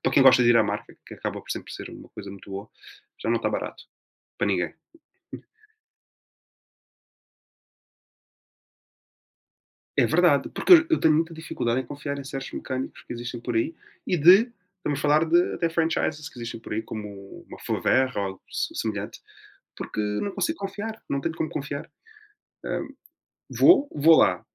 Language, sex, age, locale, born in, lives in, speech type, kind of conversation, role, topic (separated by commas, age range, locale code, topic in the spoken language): Portuguese, male, 20-24, pt-PT, Portugal, Portugal, conversation, unstructured, none, O que você acha de viajar de carro em vez de avião?